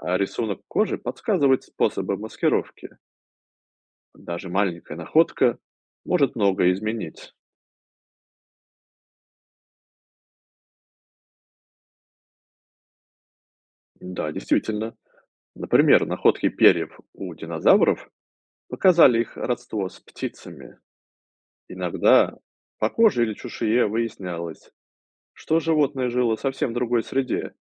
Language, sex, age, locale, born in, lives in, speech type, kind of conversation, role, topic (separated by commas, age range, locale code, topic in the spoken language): Russian, male, 35-39, ru-RU, Russia, United States, read, expert-assistant, expert, Почему иногда эволюция приводит к появлению странных или неудобных особенностей у животных?